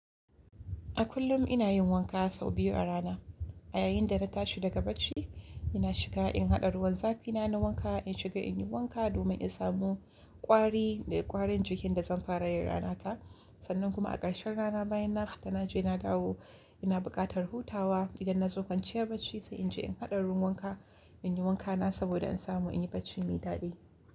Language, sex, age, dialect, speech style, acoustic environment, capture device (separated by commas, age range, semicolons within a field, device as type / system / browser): Hausa, female, 18-24, Nigeria - Kano, relaxed, silence, mobile / Linux / Chrome